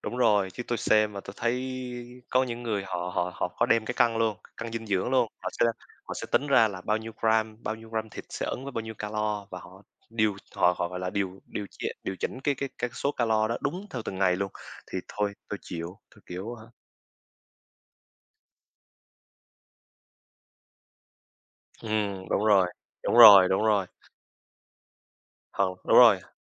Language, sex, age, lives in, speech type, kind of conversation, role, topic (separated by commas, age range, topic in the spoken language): Vietnamese, male, 20-24, Vietnam, conversation, unstructured, none, Làm thế nào để bạn duy trì thói quen ăn uống lành mạnh?